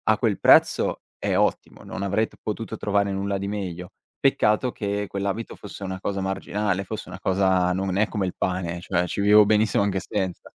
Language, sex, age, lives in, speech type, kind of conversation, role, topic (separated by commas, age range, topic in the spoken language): Italian, male, 18-19, Italy, conversation, advice, user, Come posso gestire le spese impulsive che mettono a rischio i miei obiettivi finanziari?